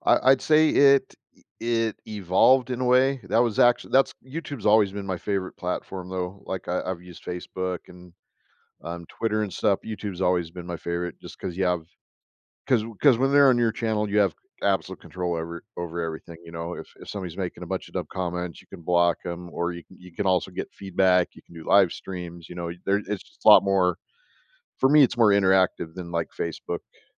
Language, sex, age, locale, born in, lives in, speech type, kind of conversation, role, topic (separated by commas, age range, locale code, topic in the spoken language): English, male, 50-54, en-US, United States, United States, conversation, podcast, guest, How do your values and experiences shape the goals you choose to pursue?